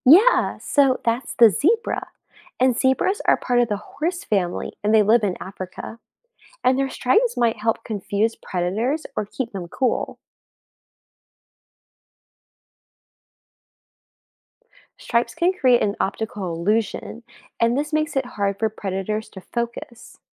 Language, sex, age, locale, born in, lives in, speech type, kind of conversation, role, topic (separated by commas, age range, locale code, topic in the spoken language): English, female, 20-24, en-US, United States, United States, read, expert-assistant, expert, What animal has black and white stripes?